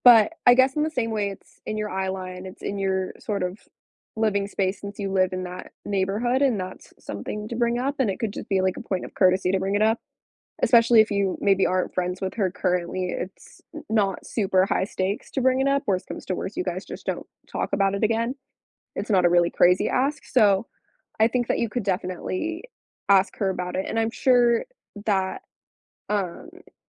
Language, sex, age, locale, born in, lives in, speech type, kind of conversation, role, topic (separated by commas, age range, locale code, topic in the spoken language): English, female, 18-19, en-US, United States, United States, conversation, advice, advisor, How can I calmly resolve ongoing conflicts with a difficult neighbor?